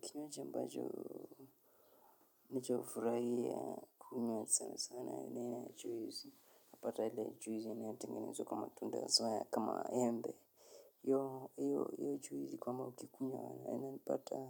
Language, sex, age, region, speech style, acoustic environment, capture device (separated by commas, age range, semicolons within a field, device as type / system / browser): Swahili, male, 25-34, Kenya, anxious, nature, mobile / Linux / Chrome